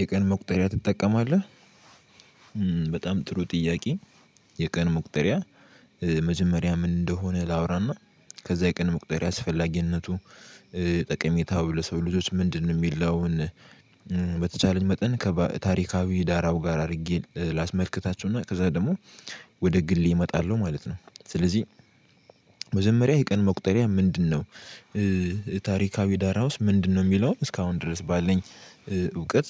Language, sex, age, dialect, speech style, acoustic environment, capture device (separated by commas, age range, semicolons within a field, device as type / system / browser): Amharic, male, 18-24, Ethiopia - Addis Ababa, happy, silence, mobile / Linux / Chrome